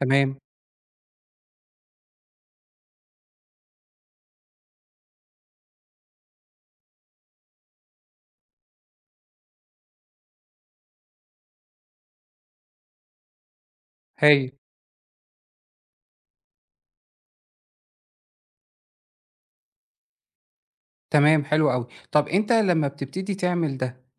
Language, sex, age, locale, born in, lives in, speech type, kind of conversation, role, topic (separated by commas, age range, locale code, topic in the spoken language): Arabic, male, 40-44, ar-EG, Egypt, Egypt, conversation, advice, advisor, إزاي أقدر أحافظ على أكل متوازن وأنا مسافر أو في المناسبات؟